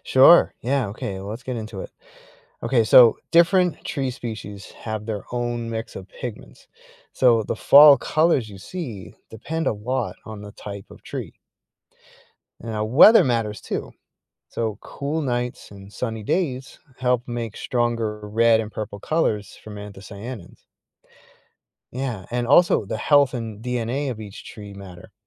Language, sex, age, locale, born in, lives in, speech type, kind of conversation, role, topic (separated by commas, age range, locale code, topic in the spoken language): English, male, 45-49, en-US, United States, United States, read, expert-assistant, expert, Why do leaves change color in fall?